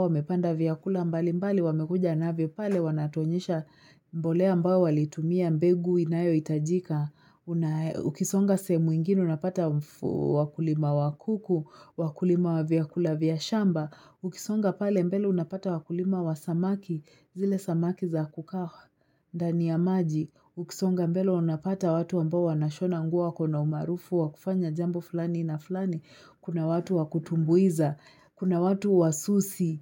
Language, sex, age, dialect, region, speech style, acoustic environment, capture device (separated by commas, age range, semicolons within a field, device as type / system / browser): Swahili, female, 25-34, Kenya - Nairobi Sheng-influenced, Kenya, relaxed, nature, mobile / Linux / Chrome